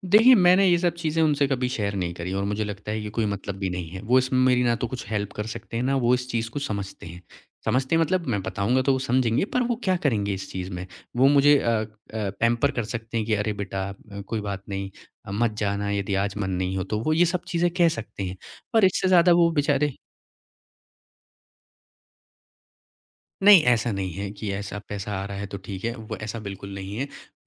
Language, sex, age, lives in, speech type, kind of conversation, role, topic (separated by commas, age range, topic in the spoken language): Hindi, male, 25-29, India, conversation, podcast, guest, Job switch karna tumhe kab sahi lagta hai?